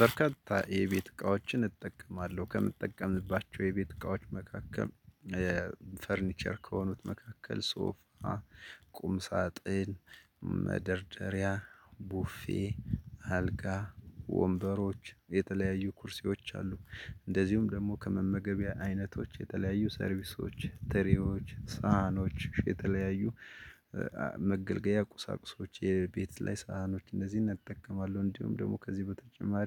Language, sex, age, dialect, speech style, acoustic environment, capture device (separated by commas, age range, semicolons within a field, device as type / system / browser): Amharic, male, 35-44, Ethiopia - Gojjam, happy; excited; focused; relaxed, nature; silence, desktop / Linux / Chrome